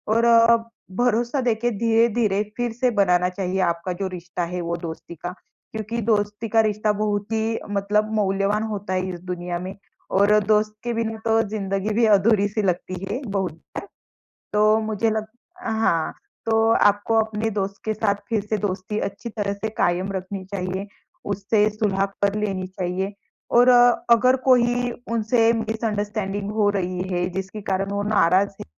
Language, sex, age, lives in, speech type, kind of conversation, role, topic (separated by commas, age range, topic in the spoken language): Hindi, female, 25-29, India, conversation, advice, advisor, नज़दीकी दोस्त से अनबन हो गई है, सुलह कैसे करूँ?